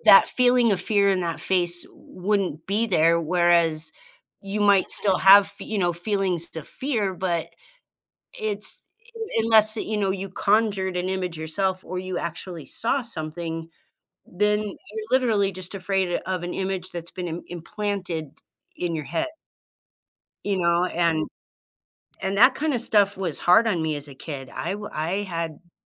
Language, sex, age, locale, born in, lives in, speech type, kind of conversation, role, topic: English, female, 50-54, en-US, United States, United States, conversation, unstructured, none, Would you rather live in a world without music or without movies?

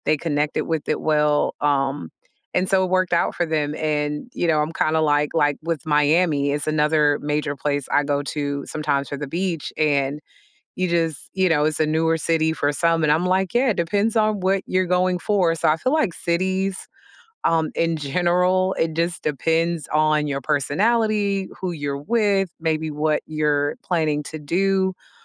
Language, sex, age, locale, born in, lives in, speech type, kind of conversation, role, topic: English, female, 40-44, en-US, United States, United States, conversation, unstructured, none, How do you like to explore a new city?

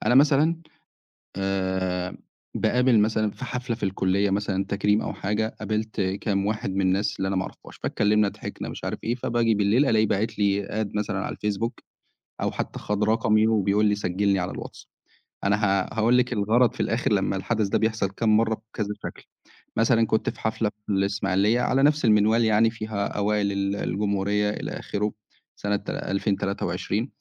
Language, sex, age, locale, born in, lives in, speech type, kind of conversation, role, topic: Arabic, male, 25-29, ar-EG, Egypt, Egypt, conversation, podcast, guest, إزاي السوشيال ميديا بتأثر على صحابك؟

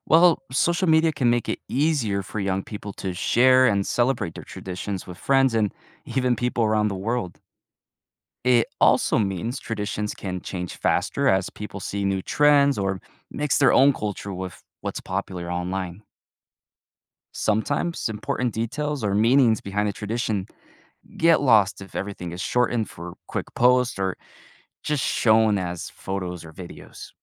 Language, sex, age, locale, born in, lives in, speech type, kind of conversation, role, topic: English, male, 20-24, en-US, United States, United States, read, expert-assistant, expert, How does globalization affect local cultural traditions?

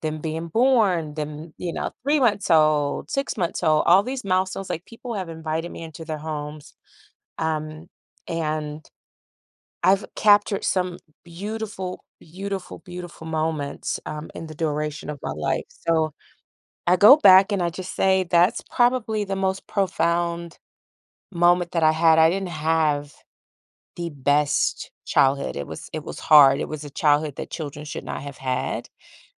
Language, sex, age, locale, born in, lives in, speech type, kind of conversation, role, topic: English, female, 40-44, en-US, United States, United States, conversation, podcast, guest, How do happy childhood memories continue to influence us as adults?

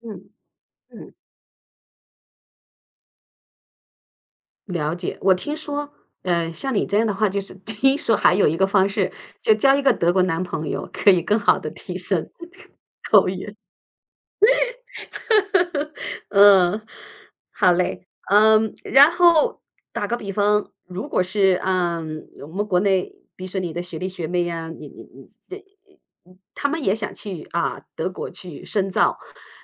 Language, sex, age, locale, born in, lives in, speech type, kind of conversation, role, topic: Chinese, female, 50-54, zh-CN, China, United States, conversation, podcast, host, 当你语言不太通时，你是怎么适应新文化的？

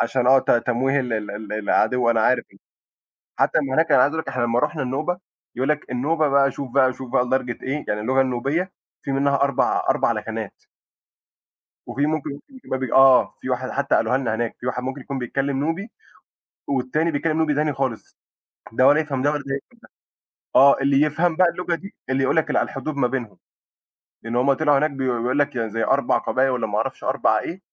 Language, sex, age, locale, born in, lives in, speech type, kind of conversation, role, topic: Arabic, male, 20-24, ar-EG, Egypt, Egypt, conversation, unstructured, none, إيه أهم تقليد ثقافي بتحبه في بلدك؟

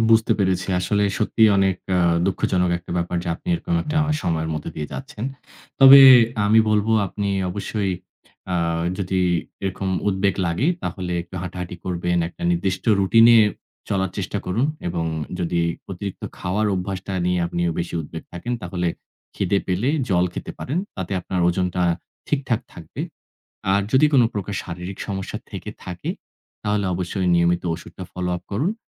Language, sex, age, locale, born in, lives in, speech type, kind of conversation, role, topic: Bengali, male, 35-39, bn-BD, Bangladesh, Bangladesh, conversation, advice, advisor, মন খারাপ বা উদ্বেগ হলে অতিরিক্ত খাওয়ার অভ্যাসের সঙ্গে কীভাবে লড়াই করতে পারি?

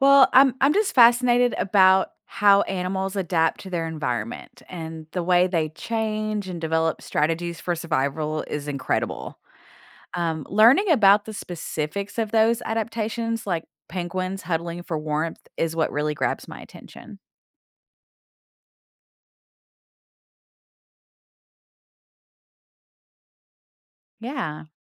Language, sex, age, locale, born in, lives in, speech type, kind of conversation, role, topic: English, female, 40-44, en-US, United States, United States, read, expert-assistant, asker, How do penguins survive in extreme cold?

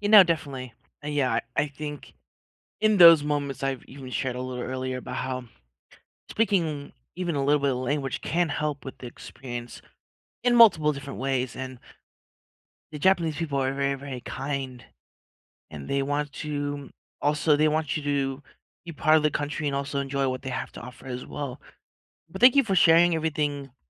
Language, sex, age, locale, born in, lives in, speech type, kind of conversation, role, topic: English, male, 30-34, en-US, United States, United States, conversation, unstructured, none, How do you like exploring a city—by train, bus, or bike—and what makes it special to you?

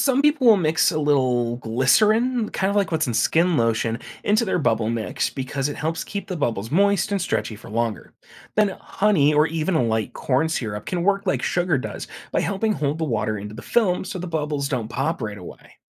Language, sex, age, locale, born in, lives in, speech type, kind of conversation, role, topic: English, male, 25-29, en-US, United States, United States, read, expert-assistant, expert, Why do bubbles form in soapy water?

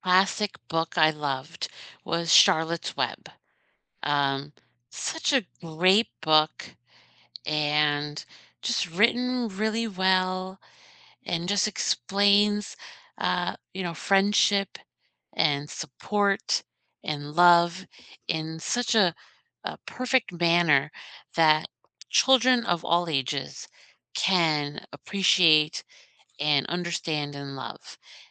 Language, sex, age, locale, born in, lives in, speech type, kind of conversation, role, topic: English, female, 50-54, en-US, United States, United States, conversation, unstructured, none, Which childhood classic—book, movie, show, or game—still holds up for you today, and why?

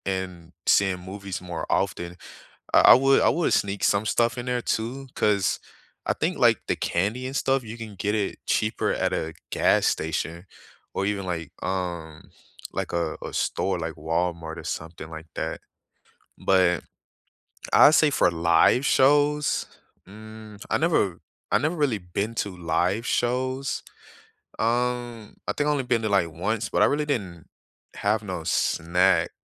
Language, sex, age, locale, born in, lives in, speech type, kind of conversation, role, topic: English, male, 25-29, en-US, United States, United States, conversation, unstructured, none, What are your favorite theater snack combinations for movies or live shows?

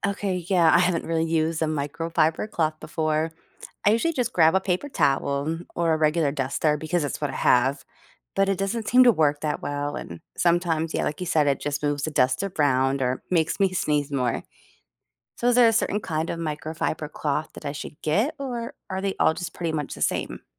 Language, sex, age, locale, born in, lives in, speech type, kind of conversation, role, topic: English, female, 40-44, en-US, United States, United States, read, expert-assistant, asker, Do houseplants really improve indoor air quality?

